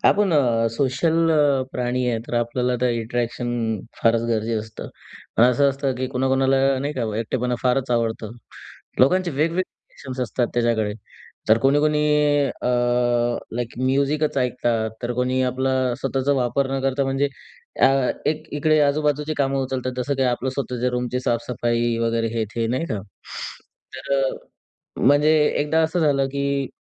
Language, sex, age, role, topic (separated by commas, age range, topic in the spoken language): Marathi, male, 20-24, host, एकटेपणाचा सामना तुम्ही कसा करता?